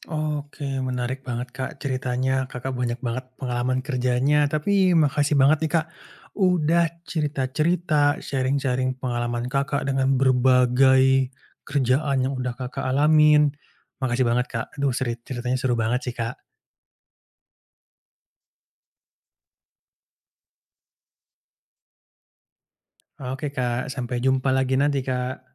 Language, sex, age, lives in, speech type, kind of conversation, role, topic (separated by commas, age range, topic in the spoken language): Indonesian, male, 25-29, Indonesia, conversation, podcast, host, Apa tantangan terbesar saat bekerja bersama orang lain?